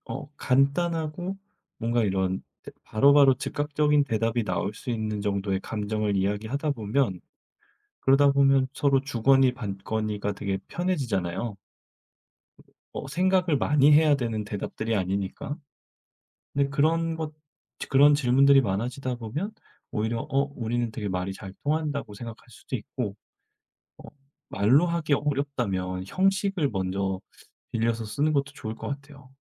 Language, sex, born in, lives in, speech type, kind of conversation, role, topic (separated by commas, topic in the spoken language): Korean, male, South Korea, South Korea, conversation, advice, advisor, 감정 표현을 피하는 습관 때문에 관계가 악화된다면 어떻게 개선할 수 있을까요?